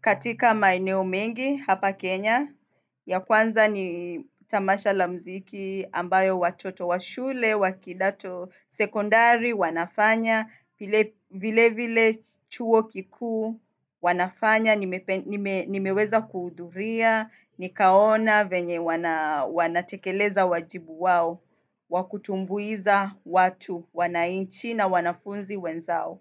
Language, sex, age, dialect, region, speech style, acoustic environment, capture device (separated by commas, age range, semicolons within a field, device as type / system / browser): Swahili, female, 25-34, Kenya - Mombasa, Kenya, happy; excited; nervous; focused; relaxed, nature, desktop / Windows / Firefox